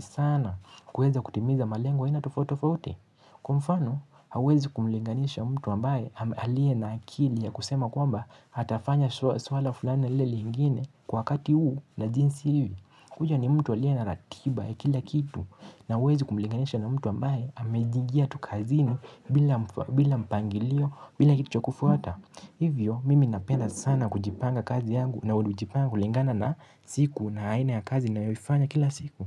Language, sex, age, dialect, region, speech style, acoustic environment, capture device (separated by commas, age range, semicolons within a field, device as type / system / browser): Swahili, male, 18-24, Kenya - Mombasa, Kenya, relaxed; tired; focused, silence; nature, mobile / Linux / Chrome